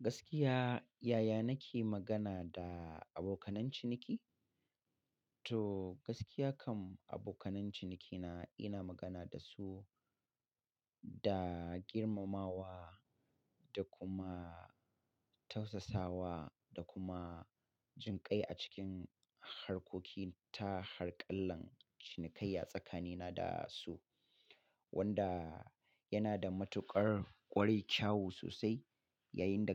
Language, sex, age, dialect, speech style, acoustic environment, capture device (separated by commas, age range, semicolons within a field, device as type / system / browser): Hausa, male, 35-44, Nigeria - Katsina, happy; excited; focused; relaxed, silence; nature, mobile / Linux / Chrome